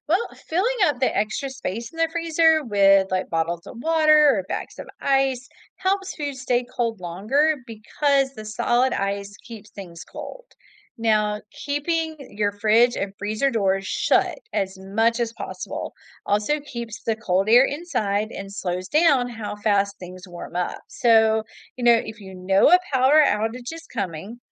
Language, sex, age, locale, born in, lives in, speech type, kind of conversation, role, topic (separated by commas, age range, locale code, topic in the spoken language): English, female, 45-49, en-US, United States, United States, read, expert-assistant, expert, How can you keep your refrigerator running efficiently and your food safe?